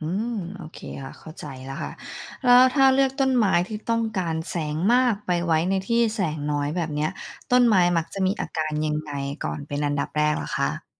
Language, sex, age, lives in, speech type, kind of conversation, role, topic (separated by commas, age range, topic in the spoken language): Thai, female, 35-39, United States, read, expert-assistant, asker, เริ่มดูแลสิ่งแวดล้อมในบ้านควรเริ่มจากอะไรดี?